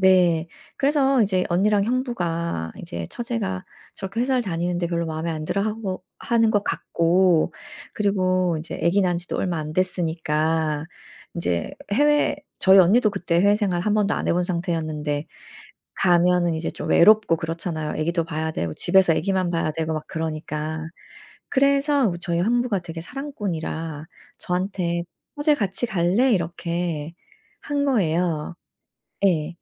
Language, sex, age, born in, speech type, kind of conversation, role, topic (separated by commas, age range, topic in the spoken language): Korean, female, 45-49, South Korea, conversation, podcast, guest, 어떤 실패가 오히려 기회가 되었나요?